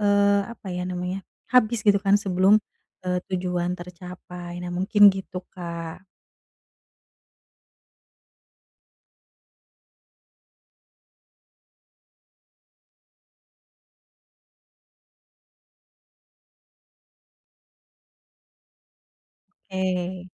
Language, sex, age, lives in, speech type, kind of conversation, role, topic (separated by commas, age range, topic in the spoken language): Indonesian, female, 30-34, Indonesia, conversation, advice, advisor, Bagaimana saya bisa tetap termotivasi tanpa memberi tekanan berlebihan pada diri sendiri?